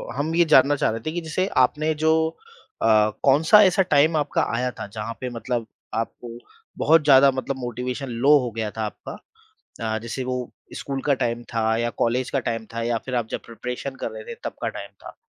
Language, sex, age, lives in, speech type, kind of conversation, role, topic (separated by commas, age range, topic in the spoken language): Hindi, male, 30-34, India, conversation, podcast, host, Confidence badhane ke liye aap kaun-se practical tips denge?